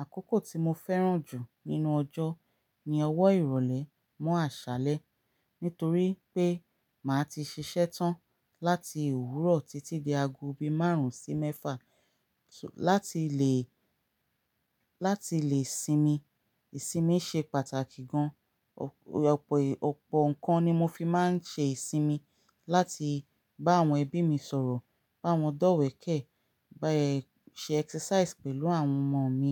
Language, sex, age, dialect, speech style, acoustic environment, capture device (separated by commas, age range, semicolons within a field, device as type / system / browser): Yoruba, female, 35-44, Nigeria - Ibadan, relaxed; focused, silence; nature, mobile / Linux / Chrome